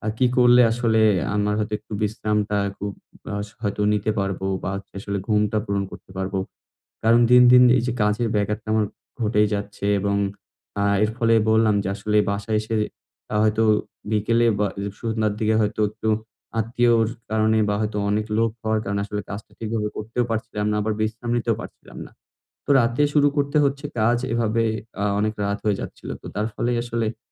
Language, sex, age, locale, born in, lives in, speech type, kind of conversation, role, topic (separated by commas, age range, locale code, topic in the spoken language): Bengali, male, 20-24, bn-BD, Bangladesh, Bangladesh, conversation, advice, user, বাড়িতে আরাম করে সময় কাটাতে সমস্যা